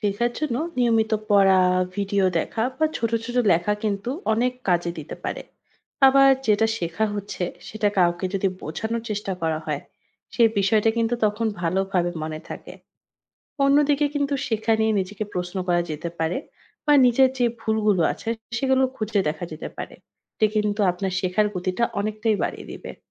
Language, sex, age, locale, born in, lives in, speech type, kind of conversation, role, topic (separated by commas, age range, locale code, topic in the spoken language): Bengali, female, 25-29, bn-BD, Bangladesh, Finland, read, expert-assistant, expert, প্রতিদিন কিছু নতুন শেখার গুরুত্ব